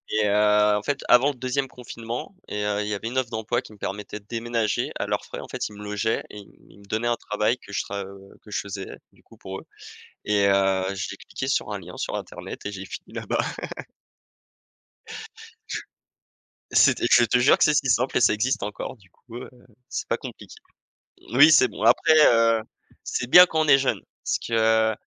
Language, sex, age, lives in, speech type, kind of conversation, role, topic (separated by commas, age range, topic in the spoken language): French, male, 25-29, France, conversation, unstructured, none, Qu’est-ce qui te plaît le plus dans ta ville ou ton quartier ?